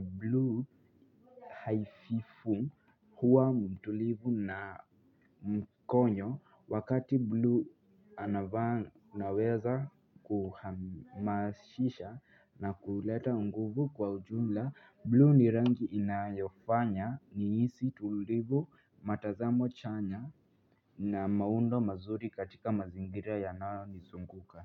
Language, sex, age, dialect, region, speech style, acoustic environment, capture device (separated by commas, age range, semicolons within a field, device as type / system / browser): Swahili, female, 25-34, Kenya - Nairobi Sheng-influenced, Kenya, happy; relaxed, nature; silence, mobile / Linux / Chrome